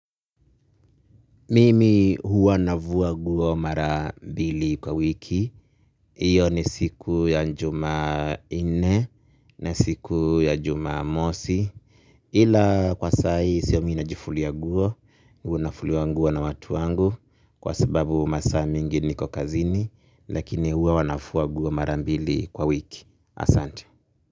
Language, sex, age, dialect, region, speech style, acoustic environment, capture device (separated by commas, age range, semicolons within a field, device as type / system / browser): Swahili, male, 25-34, Kenya - Nairobi Sheng-influenced, Kenya, happy, people talking, mobile / Linux / Chrome